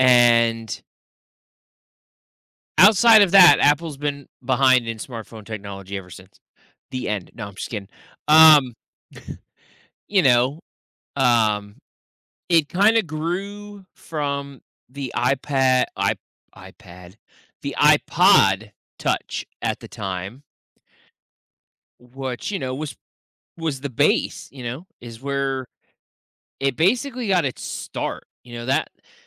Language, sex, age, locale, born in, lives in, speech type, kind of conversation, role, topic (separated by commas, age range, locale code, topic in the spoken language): English, male, 30-34, en-US, United States, United States, conversation, podcast, guest, How have smartphones transformed our daily lives and society as a whole?